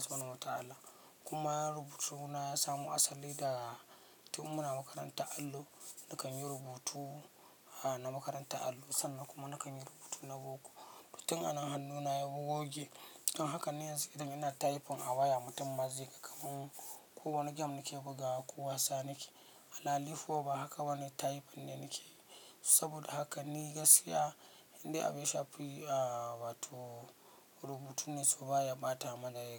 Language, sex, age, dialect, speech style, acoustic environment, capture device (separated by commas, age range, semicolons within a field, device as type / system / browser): Hausa, male, 25-34, Nigeria - Katsina, focused, silence, mobile / Linux / Chrome